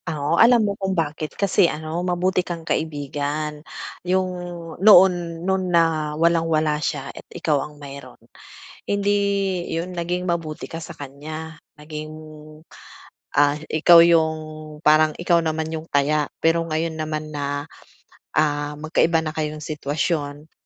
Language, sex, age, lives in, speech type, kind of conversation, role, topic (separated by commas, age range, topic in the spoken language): Filipino, female, 40-44, Philippines, conversation, advice, advisor, Bakit ako naiinggit sa mga tagumpay ng kaibigan ko?